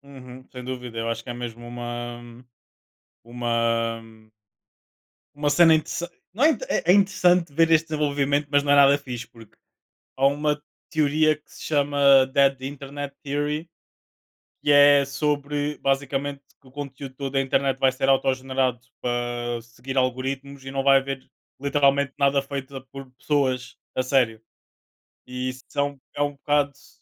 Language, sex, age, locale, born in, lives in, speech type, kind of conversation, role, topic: Portuguese, male, 25-29, pt-PT, Portugal, Portugal, conversation, unstructured, none, Como a inteligência artificial pode redefinir funções e carreiras no futuro do trabalho?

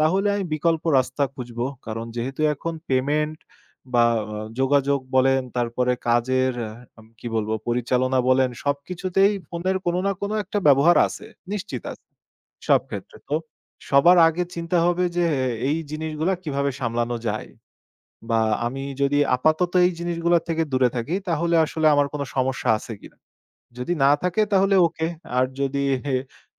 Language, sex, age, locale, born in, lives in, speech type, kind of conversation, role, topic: Bengali, male, 25-29, bn-BD, Bangladesh, Bangladesh, conversation, podcast, guest, স্মার্টফোনের ব্যবহার কমিয়ে বাইরে থাকলে কীভাবে আরও সচেতন থাকা যায়?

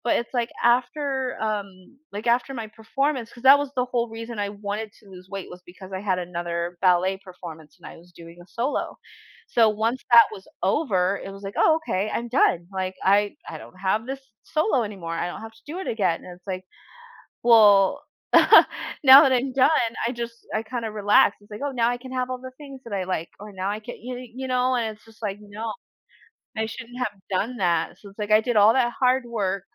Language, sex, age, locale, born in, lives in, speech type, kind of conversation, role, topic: English, female, 30-34, en-US, United States, United States, conversation, unstructured, none, What strategies help you keep going when working toward something important?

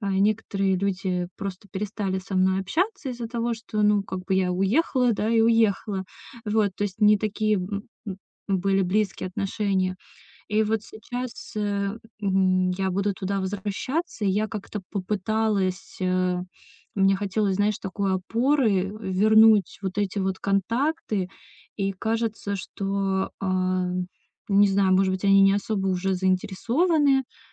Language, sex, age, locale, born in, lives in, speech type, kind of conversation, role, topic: Russian, female, 30-34, ru-RU, Russia, Estonia, conversation, advice, user, Как мне перестать бояться неудачи и начать действовать?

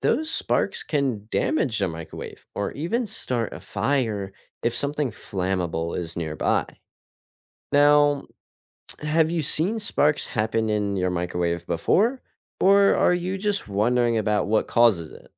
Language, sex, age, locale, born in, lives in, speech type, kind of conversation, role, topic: English, male, 18-19, en-US, United States, United States, read, expert-assistant, expert, How does a microwave heat food and what materials are safe to use in it?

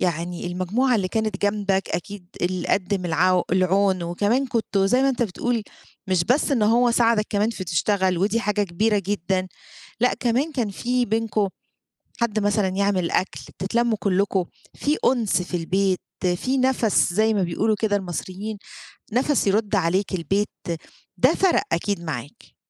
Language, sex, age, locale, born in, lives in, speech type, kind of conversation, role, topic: Arabic, female, 40-44, ar-EG, Egypt, Greece, conversation, podcast, host, إمتى قررت تنقل لمدينة أو بلد جديد؟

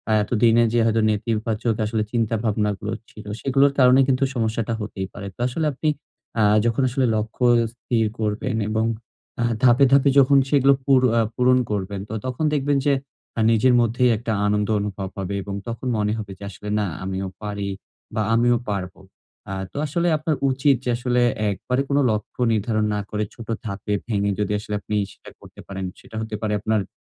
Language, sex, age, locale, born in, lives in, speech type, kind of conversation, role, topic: Bengali, male, 20-24, bn-BD, Bangladesh, Bangladesh, conversation, advice, advisor, আমি কীভাবে আমার দৃষ্টিভঙ্গি বদলে মানসিক শান্তি খুঁজে পেতে পারি?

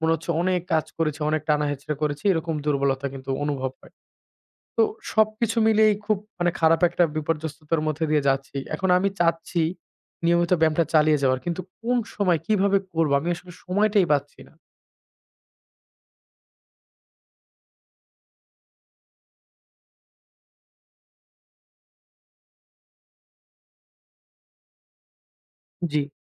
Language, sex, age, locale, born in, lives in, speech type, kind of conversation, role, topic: Bengali, male, 25-29, bn-BD, Bangladesh, Bangladesh, conversation, advice, user, নিয়মিতভাবে ব্যায়াম চালিয়ে যেতে পারছেন না কেন?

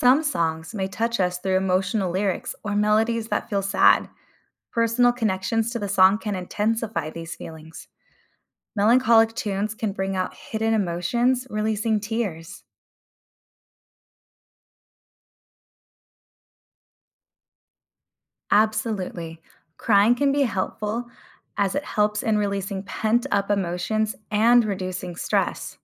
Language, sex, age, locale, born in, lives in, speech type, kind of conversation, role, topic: English, female, 30-34, en-US, United States, United States, read, expert-assistant, expert, Explain how music influences moods and emotions.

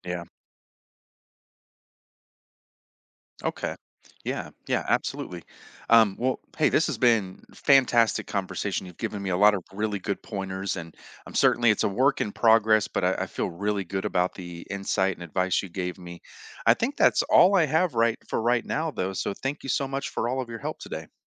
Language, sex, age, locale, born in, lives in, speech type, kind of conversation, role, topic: English, male, 45-49, en-US, United States, United States, conversation, advice, user, How can I stop negative self-talk and build lasting confidence?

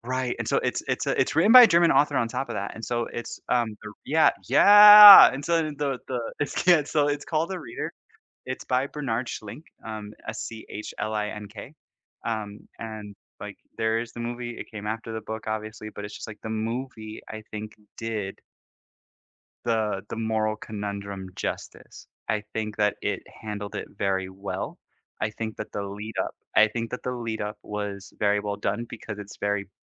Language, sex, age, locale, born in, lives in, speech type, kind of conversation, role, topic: English, male, 30-34, en-US, United States, United States, conversation, unstructured, none, What makes a movie memorable for you?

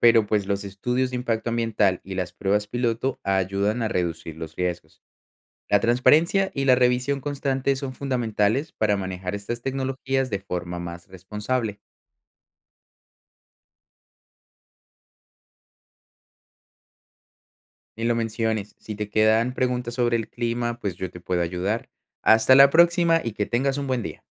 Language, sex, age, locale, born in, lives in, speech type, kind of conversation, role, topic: Spanish, male, 25-29, es-CO, Colombia, Netherlands, read, expert-assistant, expert, ¿Es ético modificar el clima, por ejemplo, usando tecnología para hacer llover o evitar tormentas?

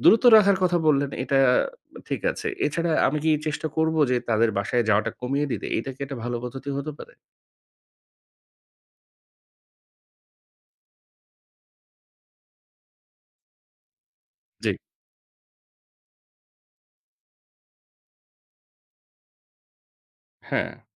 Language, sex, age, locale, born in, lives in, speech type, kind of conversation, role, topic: Bengali, male, 30-34, bn-BD, Bangladesh, Bangladesh, conversation, advice, user, শাশুড়ি বা শ্বশুরের অতিরিক্ত হস্তক্ষেপের কারণে দাম্পত্যে কলহ হলে কীভাবে সমাধান করা যায়?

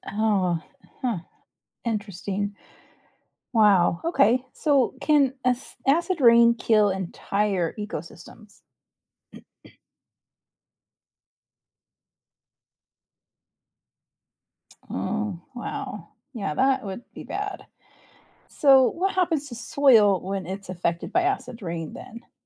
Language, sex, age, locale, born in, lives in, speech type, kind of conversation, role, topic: English, female, 45-49, en-US, United States, United States, read, expert-assistant, asker, What are the causes and effects of acid rain on ecosystems and structures?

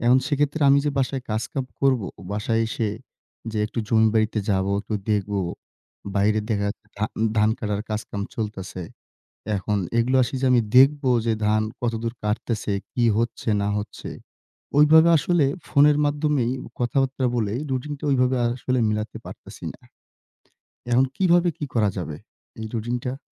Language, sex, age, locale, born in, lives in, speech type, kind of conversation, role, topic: Bengali, male, 20-24, bn-BD, Bangladesh, Bangladesh, conversation, advice, user, পরিবারের সময়সূচি মেলাতে গিয়ে কীভাবে আপনার দৈনন্দিন রুটিন বারবার ভেঙে যায়?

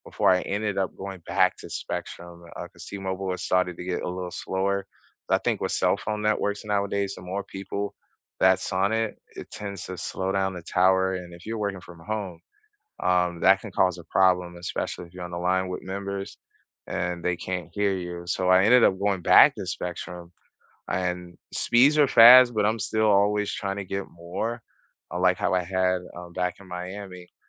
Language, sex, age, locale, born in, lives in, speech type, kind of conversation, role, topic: English, male, 40-44, en-US, United States, United States, conversation, unstructured, none, What neighborhood hangouts and everyday places around here feel most like home to you, and why?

